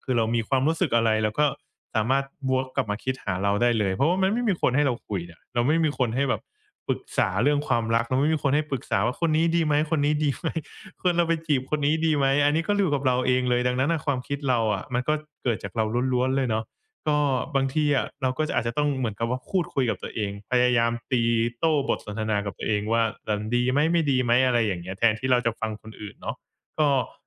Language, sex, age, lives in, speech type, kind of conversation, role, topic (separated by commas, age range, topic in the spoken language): Thai, male, 25-29, Thailand, conversation, podcast, guest, การเดินทางช่วยให้คุณรู้จักตัวเองได้อย่างไร?